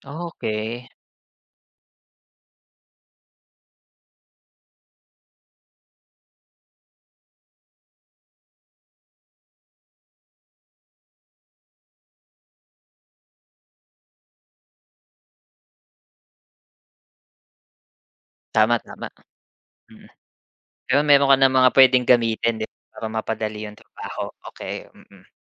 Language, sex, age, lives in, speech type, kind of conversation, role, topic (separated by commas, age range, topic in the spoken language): Filipino, male, 35-39, Philippines, conversation, podcast, host, Ano sa palagay mo ang papel ng guro sa paghubog ng pagkatuto?